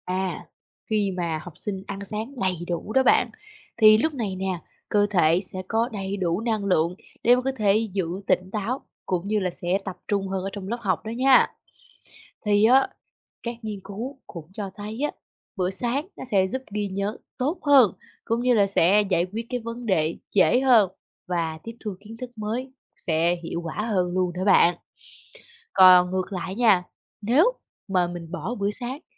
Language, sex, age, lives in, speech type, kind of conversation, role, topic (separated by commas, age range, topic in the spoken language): Vietnamese, female, 20-24, Vietnam, read, expert-assistant, expert, Ảnh hưởng của việc ăn sáng đầy đủ đến khả năng học tập